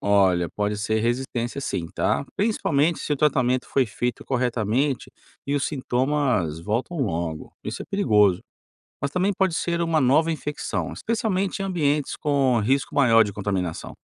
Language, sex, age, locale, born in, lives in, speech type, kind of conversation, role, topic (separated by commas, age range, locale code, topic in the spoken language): Portuguese, male, 45-49, pt-BR, Brazil, United States, read, expert-assistant, expert, É verdade que tomar antibióticos desnecessariamente pode tornar as bactérias mais resistentes? Como isso afeta o tratamento de infecções no futuro?